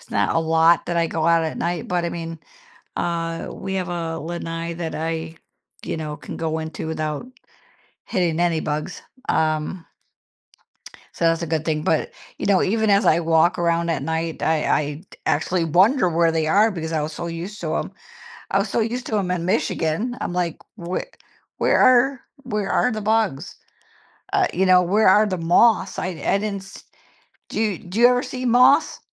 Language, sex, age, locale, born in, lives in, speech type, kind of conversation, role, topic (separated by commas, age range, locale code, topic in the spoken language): English, female, 50-54, en-US, United States, United States, conversation, unstructured, none, Which do you prefer, summer or winter, and why?